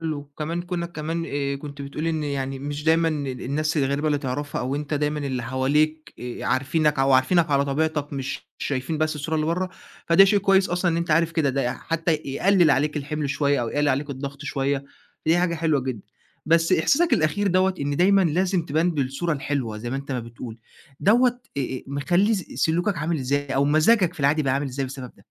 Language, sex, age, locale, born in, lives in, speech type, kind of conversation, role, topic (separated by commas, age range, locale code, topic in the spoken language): Arabic, male, 20-24, ar-EG, Egypt, Egypt, conversation, advice, advisor, إزاي بتوصّف إحساسك بالتعب من إنك تفضل محافظ/ة على صورة مبسوطة على السوشيال ميديا؟